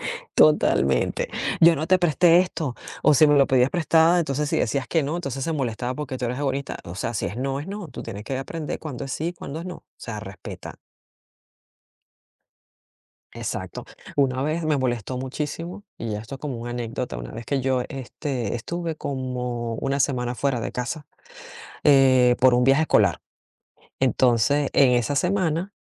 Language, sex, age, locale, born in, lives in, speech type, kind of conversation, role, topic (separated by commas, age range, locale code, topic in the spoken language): Spanish, female, 45-49, es-VE, Venezuela, United States, conversation, podcast, guest, ¿Cómo puedes expresar tus límites sin ofender a los demás?